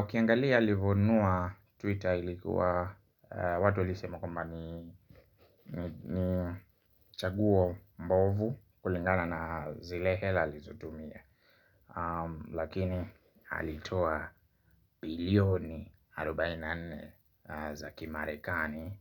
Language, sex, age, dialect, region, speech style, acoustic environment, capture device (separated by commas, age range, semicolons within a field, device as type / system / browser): Swahili, male, 25-34, Kenya - Nairobi Sheng-influenced, Kenya, happy; relaxed; focused; excited, silence, mobile / Linux / Chrome